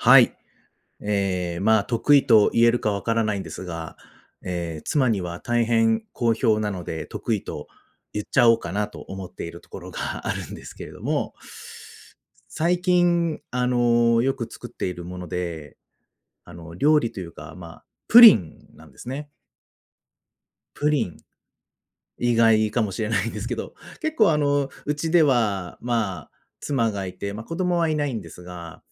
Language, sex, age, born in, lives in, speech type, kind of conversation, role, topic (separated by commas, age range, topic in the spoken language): Japanese, male, 35-39, Japan, Japan, conversation, podcast, guest, 得意な家庭料理について教えてくれますか？